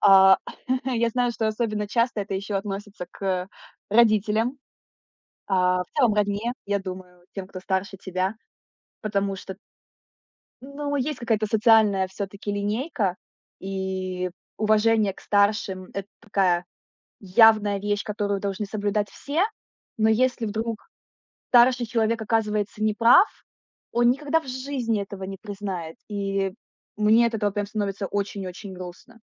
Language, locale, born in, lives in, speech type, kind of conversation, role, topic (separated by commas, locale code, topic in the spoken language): Russian, ru-RU, Ukraine, Estonia, conversation, unstructured, none, Что вас обычно больше всего огорчает в людях?